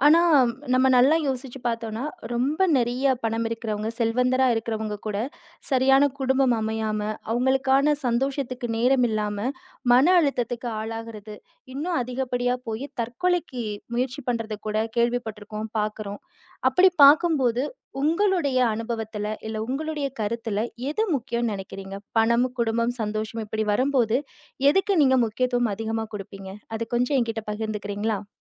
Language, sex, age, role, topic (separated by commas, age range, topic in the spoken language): Tamil, female, 30-34, host, பணம், குடும்பம், சந்தோஷம்—உங்களுக்கு எது முக்கியம்?